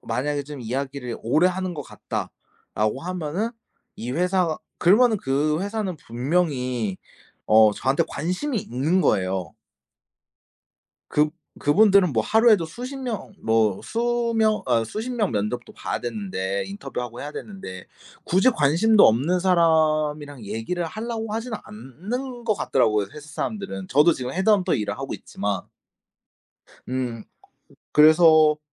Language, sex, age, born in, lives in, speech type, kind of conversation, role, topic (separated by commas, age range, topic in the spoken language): Korean, male, 25-29, South Korea, Japan, conversation, podcast, guest, 일을 선택할 때 가장 중요하게 생각하는 기준은 무엇인가요?